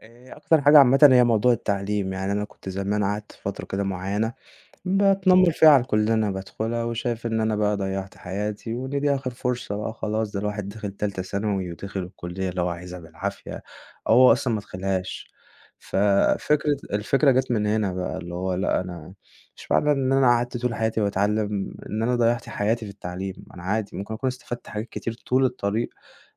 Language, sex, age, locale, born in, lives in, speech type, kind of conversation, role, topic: Arabic, male, 20-24, ar-EG, Egypt, Egypt, conversation, podcast, guest, إيه أهم درس اتعلّمته من الفشل؟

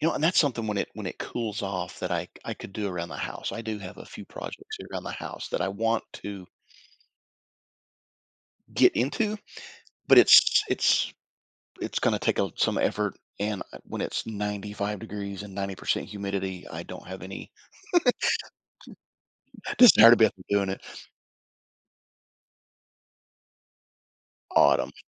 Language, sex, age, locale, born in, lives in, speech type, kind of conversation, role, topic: English, male, 55-59, en-US, United States, United States, conversation, advice, user, How can I make my daily life more adventurous without major changes?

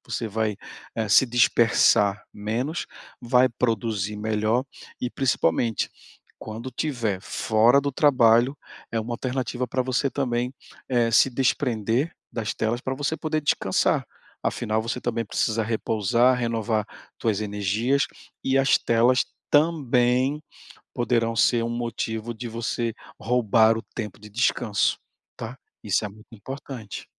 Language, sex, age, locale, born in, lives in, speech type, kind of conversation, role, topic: Portuguese, male, 40-44, pt-BR, Brazil, Portugal, conversation, advice, advisor, Como posso manter o foco e a disposição ao longo do dia?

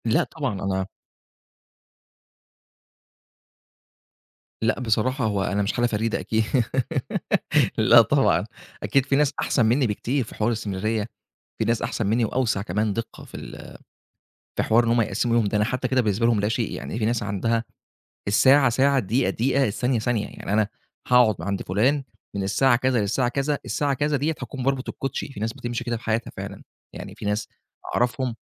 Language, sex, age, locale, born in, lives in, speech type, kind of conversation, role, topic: Arabic, male, 25-29, ar-EG, Egypt, Egypt, conversation, podcast, guest, إذا كان عندك ساعة فاضية كل يوم، هتعمل إيه؟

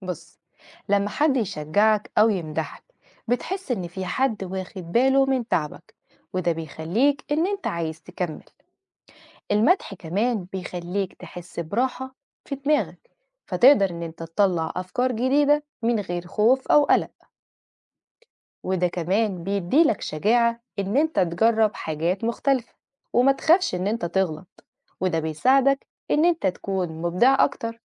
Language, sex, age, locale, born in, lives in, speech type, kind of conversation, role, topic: Arabic, female, 30-34, ar-EG, Egypt, Portugal, read, expert-assistant, expert, كيف يمكن للمدح والتشجيع أن يحفزا على الإبداع؟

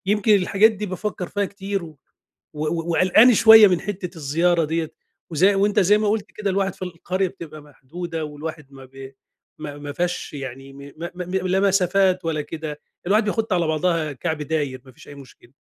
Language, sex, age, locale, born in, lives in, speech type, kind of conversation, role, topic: Arabic, male, 50-54, ar-EG, Egypt, Egypt, conversation, advice, user, إزاي أتعامل مع القلق لما أزور مكان جديد لوحدي؟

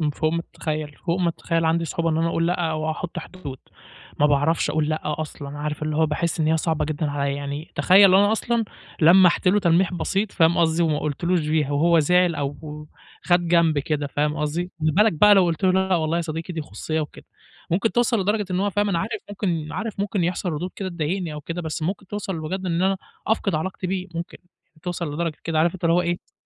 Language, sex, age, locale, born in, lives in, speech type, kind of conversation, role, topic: Arabic, male, 20-24, ar-EG, Egypt, Egypt, conversation, advice, user, إزاي أحافظ على علاقاتي من غير ما أفقد خصوصيتي؟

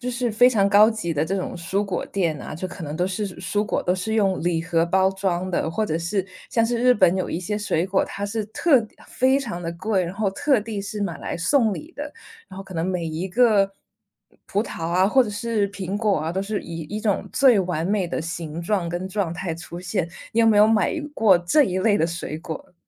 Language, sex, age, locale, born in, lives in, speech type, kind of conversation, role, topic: Chinese, female, 30-34, zh-CN, China, United States, conversation, podcast, host, 怎么挑选新鲜的蔬菜和水果？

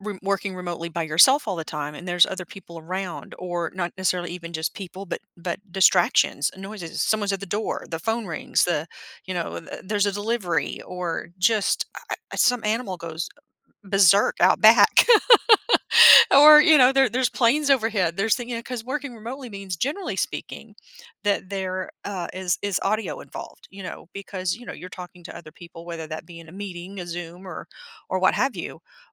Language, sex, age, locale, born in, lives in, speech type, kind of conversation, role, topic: English, female, 50-54, en-US, United States, United States, conversation, podcast, host, What helps people build and stick to healthy routines in daily life?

